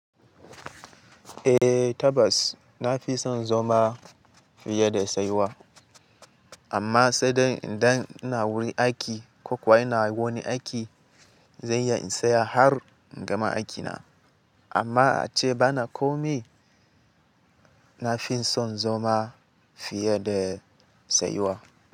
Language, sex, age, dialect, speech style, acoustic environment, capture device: Hausa, male, 18-24, Nigeria - Katsina, excited, nature, mobile / Linux / Chrome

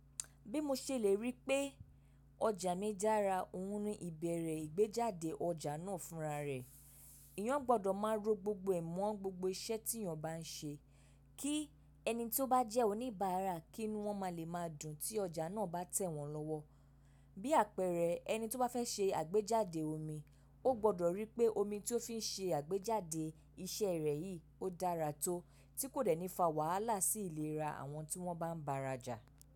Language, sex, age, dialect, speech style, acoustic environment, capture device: Yoruba, female, 25-34, Nigeria - Lagos Yoruba, focused; relaxed, silence, mobile / macOS / Safari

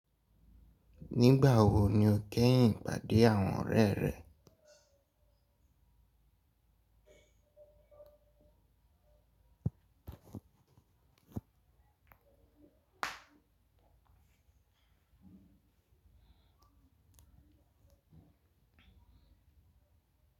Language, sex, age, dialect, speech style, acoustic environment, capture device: Yoruba, male, 18-24, Nigeria - Lagos Yoruba, anxious, music, mobile / Linux / Chrome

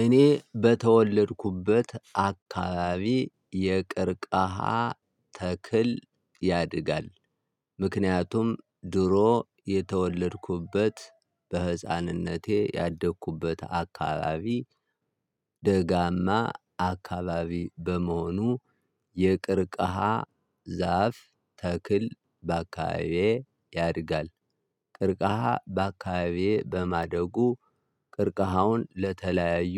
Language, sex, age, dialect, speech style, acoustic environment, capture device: Amharic, male, 35-44, Ethiopia - Gondar, excited, silence, mobile / Linux / Chrome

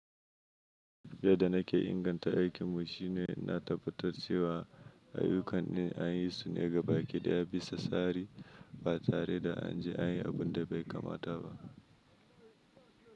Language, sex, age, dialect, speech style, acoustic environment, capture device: Hausa, male, 25-34, Nigeria - Kano, happy, nature, mobile / Linux / Chrome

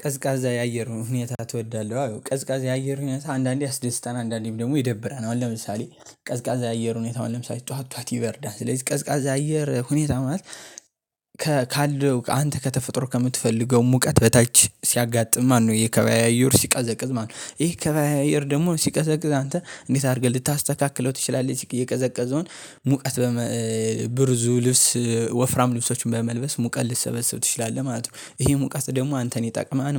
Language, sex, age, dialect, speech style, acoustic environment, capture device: Amharic, male, 18-24, Ethiopia - Gondar, excited; focused, nature, mobile / Linux / Chrome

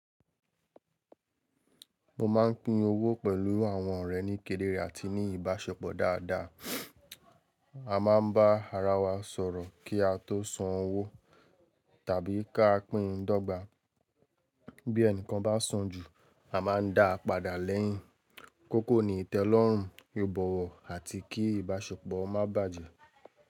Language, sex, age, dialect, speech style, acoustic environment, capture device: Yoruba, male, 18-24, Nigeria - Lagos Yoruba, relaxed, silence, mobile / Linux / Chrome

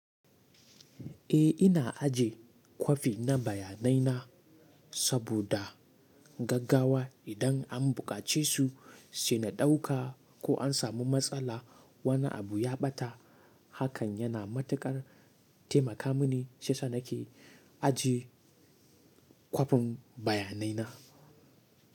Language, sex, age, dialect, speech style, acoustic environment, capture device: Hausa, male, 18-24, Nigeria - Katsina, relaxed; happy; excited; focused, silence, mobile / Linux / Chrome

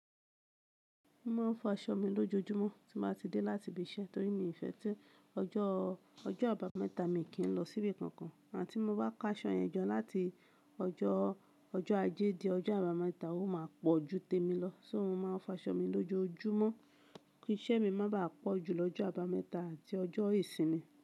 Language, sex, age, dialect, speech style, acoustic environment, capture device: Yoruba, male, 25-34, Nigeria - Kano, relaxed, appliances, mobile / Linux / Chrome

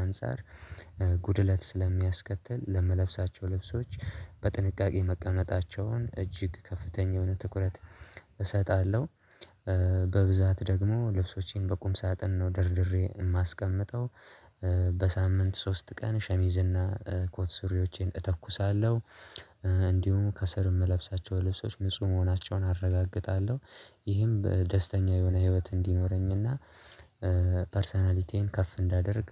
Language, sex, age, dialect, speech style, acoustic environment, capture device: Amharic, male, 18-24, Ethiopia - Addis Ababa, relaxed, silence, mobile / Linux / Chrome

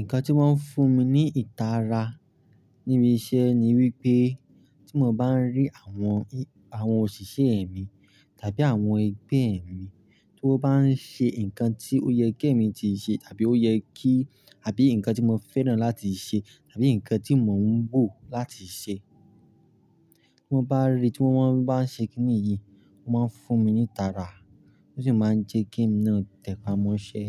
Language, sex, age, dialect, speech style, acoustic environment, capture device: Yoruba, male, 18-24, Nigeria - Lagos Yoruba, relaxed, silence, mobile / Linux / Chrome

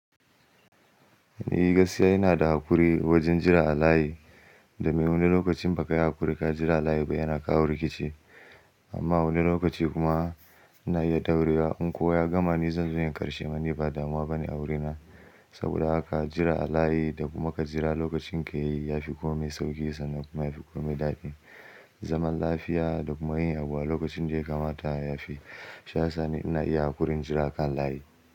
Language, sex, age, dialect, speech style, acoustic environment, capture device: Hausa, male, 18-24, Nigeria - Kano, anxious; happy, silence; nature, mobile / Linux / Chrome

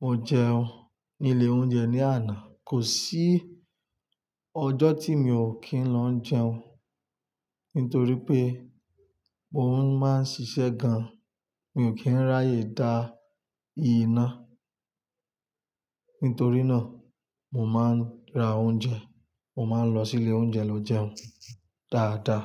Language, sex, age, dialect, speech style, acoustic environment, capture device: Yoruba, male, 25-34, Nigeria - Lagos Yoruba, relaxed; stressed; focused, nature; silence, desktop / Linux / Chrome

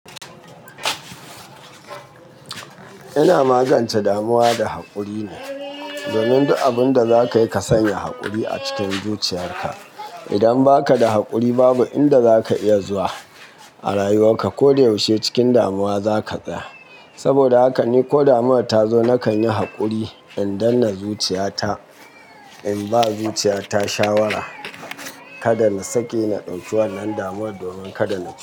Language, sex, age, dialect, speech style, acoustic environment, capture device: Hausa, male, 35-44, Nigeria - Kano, happy, nature, mobile / Linux / Chrome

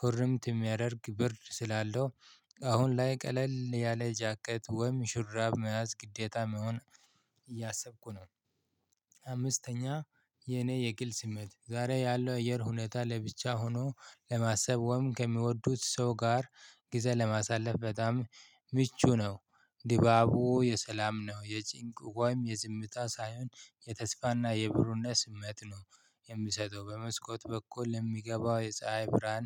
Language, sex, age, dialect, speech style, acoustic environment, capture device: Amharic, male, 25-34, Ethiopia - Addis Ababa, excited, silence, mobile / Linux / Chrome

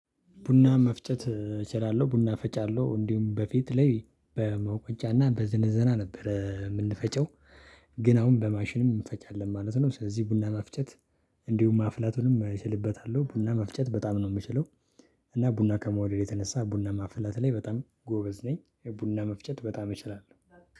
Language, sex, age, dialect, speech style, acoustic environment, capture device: Amharic, male, 25-34, Ethiopia - Addis Ababa, happy, silence; people talking, desktop / Linux / Chrome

